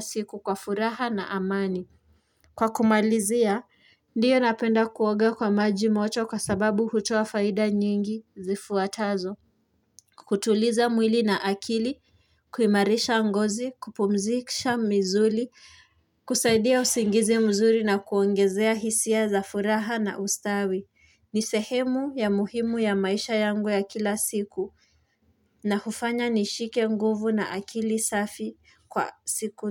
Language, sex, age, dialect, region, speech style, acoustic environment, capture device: Swahili, female, 18-24, Kenya - Nairobi Sheng-influenced, Kenya, anxious; excited; focused; relaxed, nature, mobile / Linux / Chrome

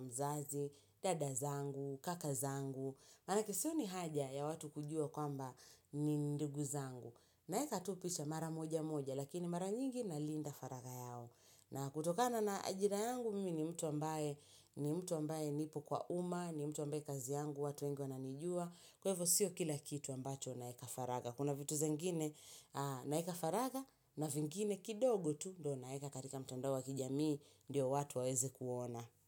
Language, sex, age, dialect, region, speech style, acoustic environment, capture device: Swahili, female, 25-34, Kenya - Mombasa, Kenya, relaxed, silence, mobile / Linux / Chrome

